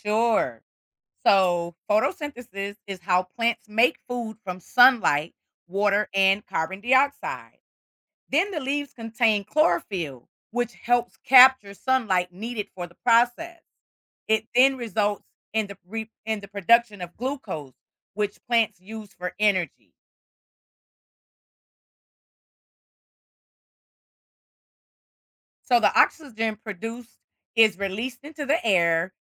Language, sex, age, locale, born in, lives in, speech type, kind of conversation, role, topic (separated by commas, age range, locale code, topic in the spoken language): English, female, 55-59, en-US, United States, United States, read, expert-assistant, expert, How do seeds become plants?